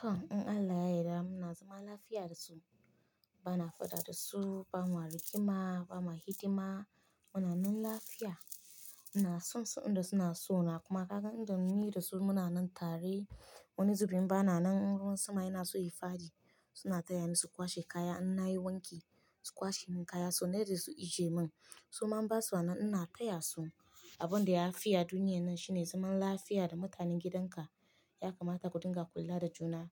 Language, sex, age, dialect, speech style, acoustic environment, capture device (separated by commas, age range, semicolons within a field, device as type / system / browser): Hausa, female, 25-34, Nigeria - Nigerian Standard, happy, silence, mobile / Linux / Chrome